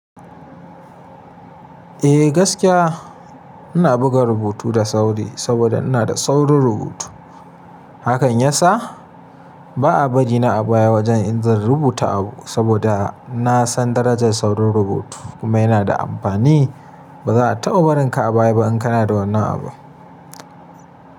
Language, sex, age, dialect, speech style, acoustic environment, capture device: Hausa, male, 25-34, Nigeria - Kano, happy, nature; silence, mobile / Linux / Chrome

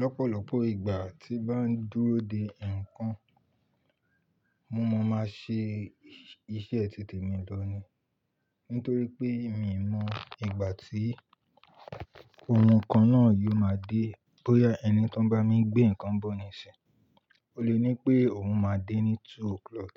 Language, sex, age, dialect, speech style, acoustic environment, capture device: Yoruba, male, 25-34, Nigeria - Ibadan, relaxed, appliances, mobile / Linux / Chrome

